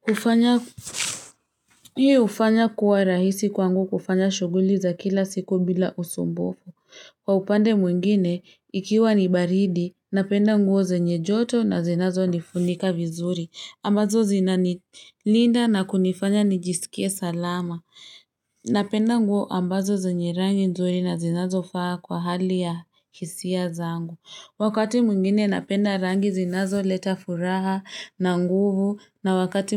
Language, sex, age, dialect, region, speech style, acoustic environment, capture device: Swahili, female, 25-34, Kenya - Nairobi Sheng-influenced, Kenya, excited; happy, nature; silence; traffic, mobile / Linux / Chrome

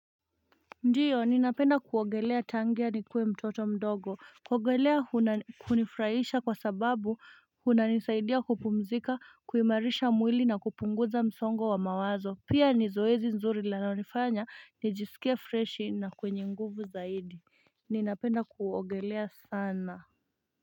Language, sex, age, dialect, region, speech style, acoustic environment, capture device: Swahili, female, 25-34, Kenya - Nairobi Sheng-influenced, Kenya, happy; focused, nature, mobile / Linux / Chrome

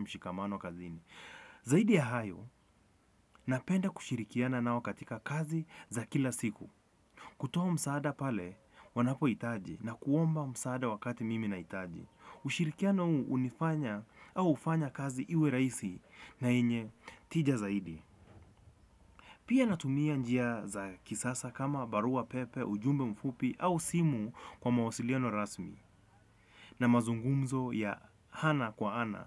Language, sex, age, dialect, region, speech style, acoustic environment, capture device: Swahili, male, 25-34, Kenya - Nairobi Sheng-influenced, Kenya, relaxed; focused, nature; appliances; traffic; people talking; construction, desktop / Windows / Chrome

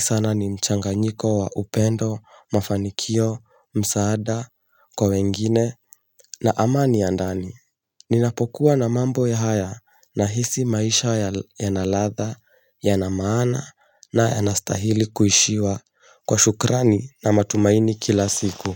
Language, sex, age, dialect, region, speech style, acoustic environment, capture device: Swahili, male, 18-24, Kenya - Mombasa, Kenya, excited, nature; silence, mobile / Linux / Chrome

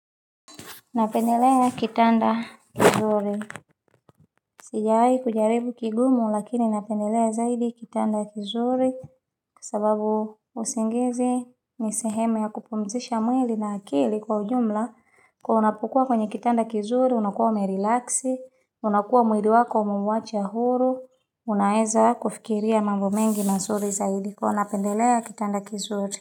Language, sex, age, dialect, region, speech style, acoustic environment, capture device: Swahili, female, 25-34, Tanzania - Dar es Salaam, Tanzania, relaxed, silence, mobile / Linux / Chrome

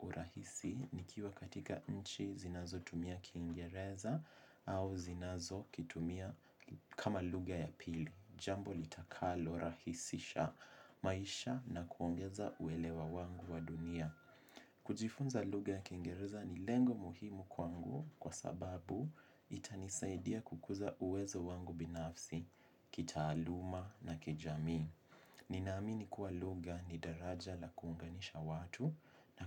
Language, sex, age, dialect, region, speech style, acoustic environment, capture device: Swahili, male, 18-24, Kenya - Mombasa, Kenya, relaxed, silence, mobile / Linux / Chrome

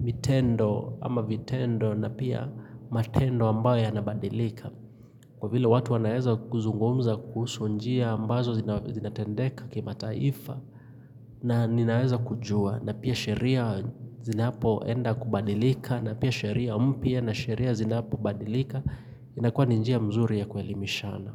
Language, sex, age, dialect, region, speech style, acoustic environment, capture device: Swahili, male, 25-34, Kenya - Nairobi Sheng-influenced, Kenya, relaxed, silence, mobile / Linux / Chrome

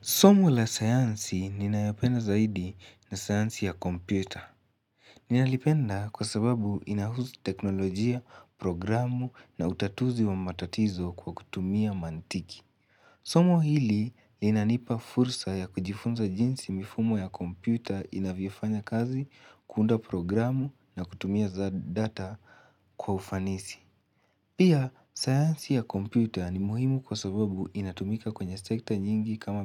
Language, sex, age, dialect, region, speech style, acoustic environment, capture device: Swahili, male, 18-24, Kenya - Nairobi Sheng-influenced, Kenya, excited, silence, mobile / Linux / Chrome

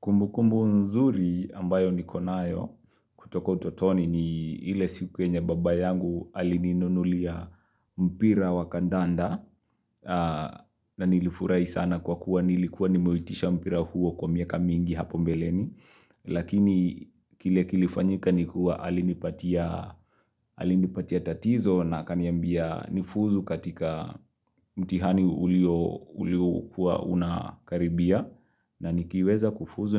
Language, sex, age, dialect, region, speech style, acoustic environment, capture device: Swahili, male, 25-34, Kenya - Nairobi Sheng-influenced, Kenya, relaxed; excited; happy; focused, nature; silence; appliances, mobile / Linux / Chrome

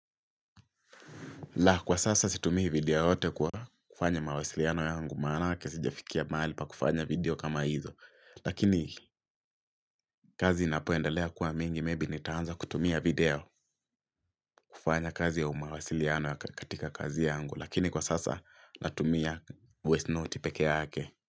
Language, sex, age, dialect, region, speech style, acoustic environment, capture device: Swahili, male, 18-24, Kenya - Mombasa, Kenya, focused, silence, mobile / Linux / Chrome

